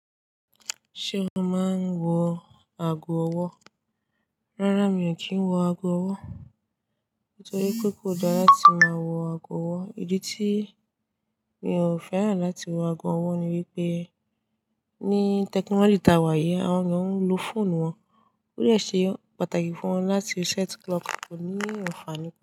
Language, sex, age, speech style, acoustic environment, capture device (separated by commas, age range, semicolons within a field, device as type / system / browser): Yoruba, female, 18-24, relaxed, silence, desktop / Linux / Chrome